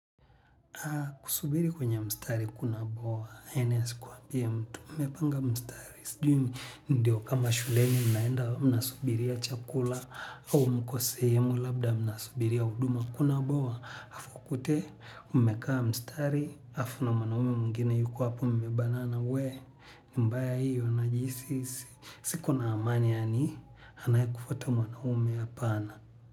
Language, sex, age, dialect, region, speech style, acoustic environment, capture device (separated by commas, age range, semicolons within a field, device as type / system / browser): Swahili, male, 25-34, Tanzania - Dar es Salaam, Tanzania, relaxed, people talking; music; nature, mobile / Linux / Chrome